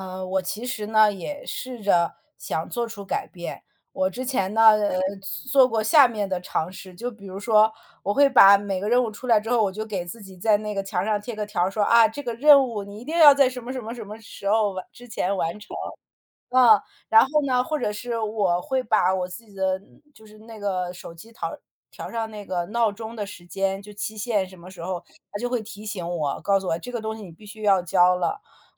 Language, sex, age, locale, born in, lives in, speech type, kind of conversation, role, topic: Chinese, female, 40-44, zh-CN, China, United States, conversation, advice, user, 你为什么总是把重要工作拖到最后一刻？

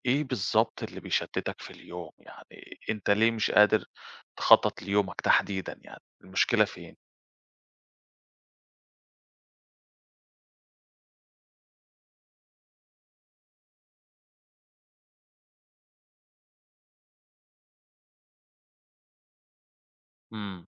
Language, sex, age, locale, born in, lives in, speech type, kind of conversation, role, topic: Arabic, male, 30-34, ar-EG, Egypt, Greece, conversation, advice, advisor, إزاي أقدر أخطط ليومي من غير ما أتشتت طول اليوم؟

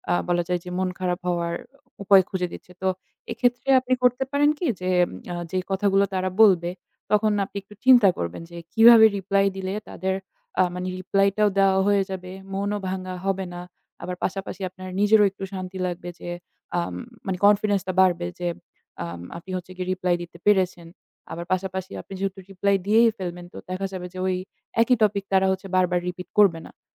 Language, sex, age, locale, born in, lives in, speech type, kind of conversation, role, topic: Bengali, female, 20-24, bn-BD, Bangladesh, Bangladesh, conversation, advice, advisor, সমালোচনার ভয়ে আপনি কেন নিজের মত প্রকাশ করতে পারেন না?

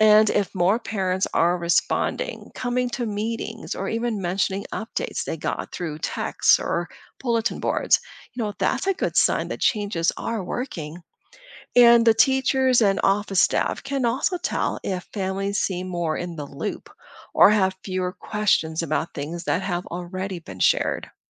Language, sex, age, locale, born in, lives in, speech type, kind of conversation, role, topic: English, female, 50-54, en-US, Philippines, United States, read, expert-assistant, expert, How can parents stay informed about new education policies?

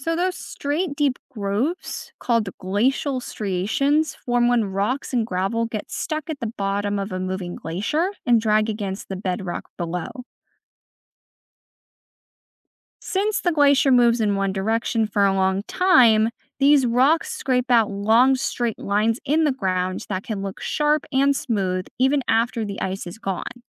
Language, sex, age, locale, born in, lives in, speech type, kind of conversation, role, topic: English, female, 25-29, en-US, United States, United States, read, expert-assistant, expert, How does a glacier form and move?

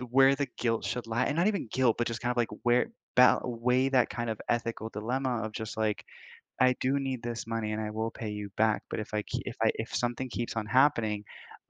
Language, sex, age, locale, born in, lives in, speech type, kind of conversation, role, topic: English, male, 30-34, en-US, United States, United States, conversation, advice, user, How can I repair my relationship with a close friend after a disagreement?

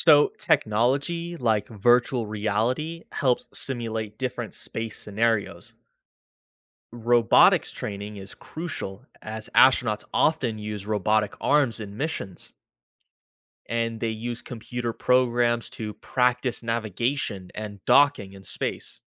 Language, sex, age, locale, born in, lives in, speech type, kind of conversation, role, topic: English, male, 25-29, en-US, United States, United States, read, expert-assistant, expert, How do astronauts train for space travel?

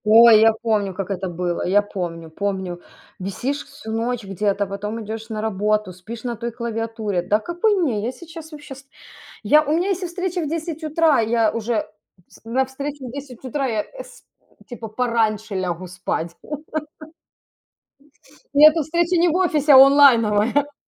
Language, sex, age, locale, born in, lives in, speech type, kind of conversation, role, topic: Russian, female, 35-39, ru-RU, Ukraine, Italy, conversation, unstructured, none, Как ты предпочитаешь проводить выходные?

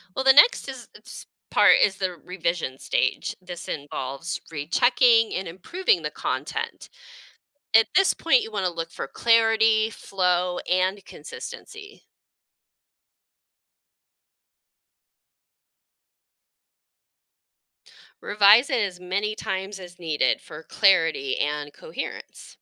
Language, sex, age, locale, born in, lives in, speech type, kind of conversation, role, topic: English, female, 40-44, en-US, United States, United States, read, expert-assistant, expert, What are the steps involved in the creative writing process?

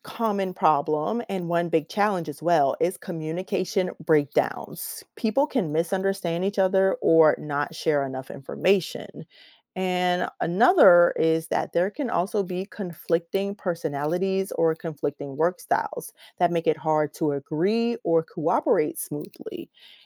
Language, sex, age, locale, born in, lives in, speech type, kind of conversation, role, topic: English, female, 45-49, en-US, United States, United States, read, expert-assistant, expert, How does teamwork help achieve group goals?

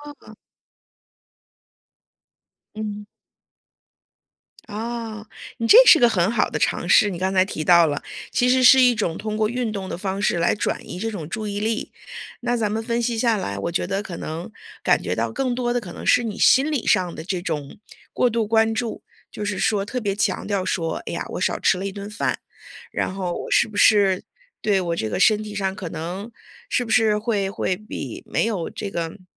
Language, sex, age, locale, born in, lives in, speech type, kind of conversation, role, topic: Chinese, female, 45-49, zh-CN, China, United States, conversation, advice, advisor, 我该如何辨别自己是真正的饥饿，还是只是想吃东西？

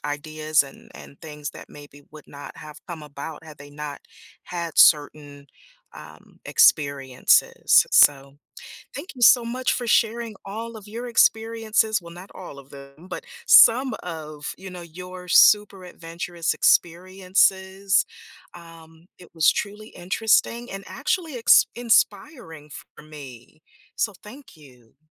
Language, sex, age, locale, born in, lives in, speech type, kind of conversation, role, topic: English, female, 50-54, en-US, United States, United States, conversation, podcast, host, How do our attitudes and choices shape the sense of adventure we experience while traveling?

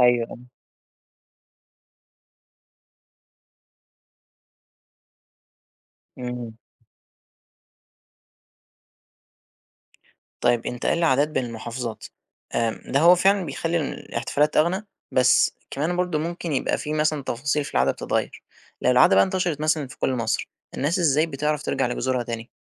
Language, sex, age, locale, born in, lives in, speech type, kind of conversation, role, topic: Arabic, male, 20-24, ar-EG, Egypt, Egypt, read, expert-assistant, asker, ينفع تديني مثال على إزاي ناس من منطقتين مختلفتين في مصر بيحتفلوا بنفس المناسبة بشكل مختلف؟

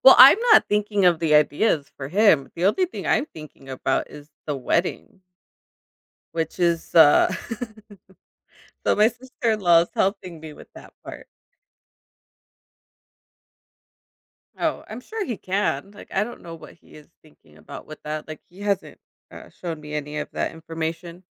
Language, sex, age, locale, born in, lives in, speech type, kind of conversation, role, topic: English, female, 35-39, en-US, United States, United States, conversation, advice, user, How do i stay excited without getting overwhelmed when starting wedding plans?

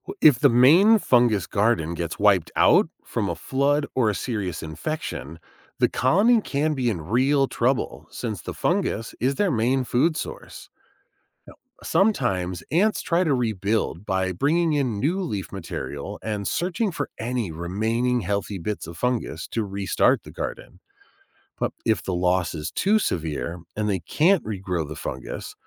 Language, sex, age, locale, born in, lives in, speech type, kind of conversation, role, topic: English, male, 40-44, en-US, United States, United States, read, expert-assistant, expert, How do ants build their colonies?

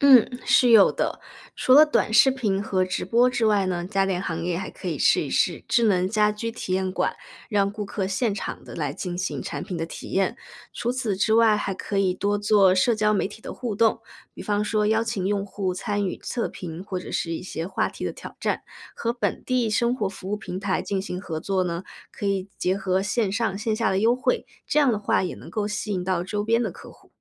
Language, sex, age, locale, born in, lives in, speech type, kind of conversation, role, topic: Chinese, female, 25-29, zh-CN, China, United States, read, expert-assistant, expert, 如果传统广告效果逐渐减弱，有哪些创新方式可以帮助企业更好地推广产品？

